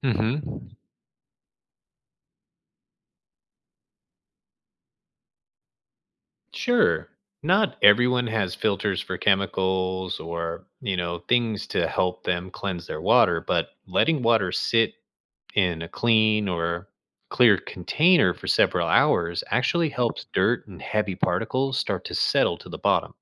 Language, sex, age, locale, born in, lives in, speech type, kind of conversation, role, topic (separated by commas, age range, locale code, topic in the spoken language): English, male, 35-39, en-US, United States, United States, read, expert-assistant, expert, Why is clean water important for human health?